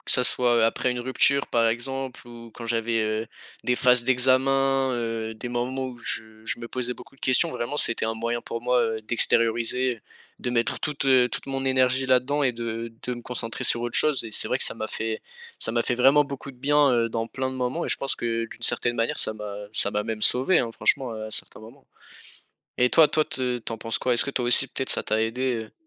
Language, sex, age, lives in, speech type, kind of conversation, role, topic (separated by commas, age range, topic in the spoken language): French, male, 18-19, France, conversation, unstructured, none, Quels effets as-tu remarqués en faisant du sport régulièrement ?